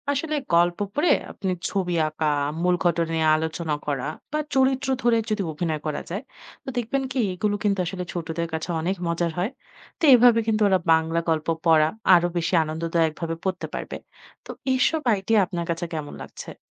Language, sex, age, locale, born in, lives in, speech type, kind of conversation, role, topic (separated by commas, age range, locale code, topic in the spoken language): Bengali, female, 25-29, bn-BD, Bangladesh, Finland, read, expert-assistant, expert, শিশুদের মধ্যে বাংলা ভাষার প্রতি আগ্রহ কিভাবে বাড়ানো যায়?